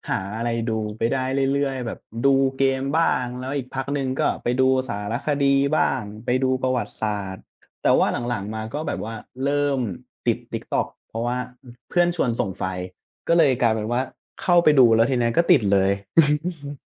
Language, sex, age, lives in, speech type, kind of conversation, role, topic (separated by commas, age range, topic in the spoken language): Thai, male, 20-24, Thailand, conversation, unstructured, none, คุณชอบทำกิจกรรมอะไรมากที่สุดในเวลาว่าง?